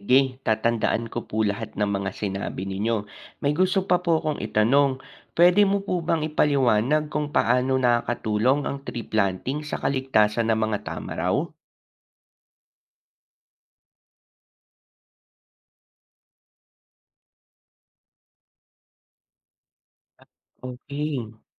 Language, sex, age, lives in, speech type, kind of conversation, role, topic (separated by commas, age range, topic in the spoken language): Filipino, male, 25-29, Philippines, read, expert-assistant, asker, Ano ang mga paraan ng pag-aalaga sa mga endangered species?